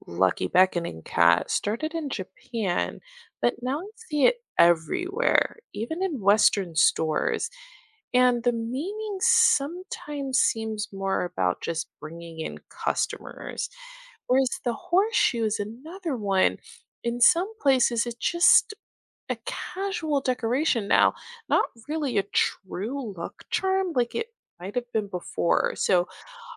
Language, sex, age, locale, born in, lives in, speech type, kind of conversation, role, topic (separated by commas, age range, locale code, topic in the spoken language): English, female, 25-29, en-US, United States, United States, read, expert-assistant, asker, What are common symbols of luck in different cultures?